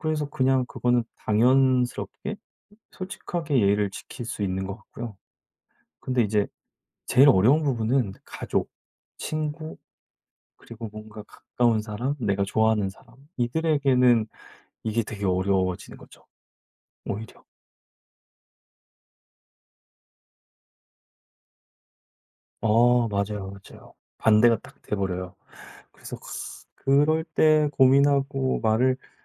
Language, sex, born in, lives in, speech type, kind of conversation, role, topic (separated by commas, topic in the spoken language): Korean, male, South Korea, South Korea, conversation, podcast, guest, 예의를 지키면서도 솔직하게 말할 수 있을까요?